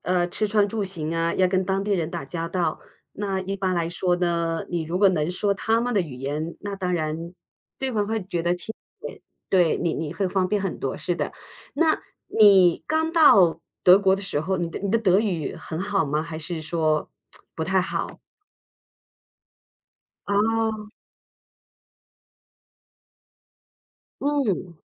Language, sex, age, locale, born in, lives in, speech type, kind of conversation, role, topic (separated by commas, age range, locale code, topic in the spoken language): Chinese, female, 50-54, zh-CN, China, United States, conversation, podcast, host, 当你语言不太通时，你是怎么适应新文化的？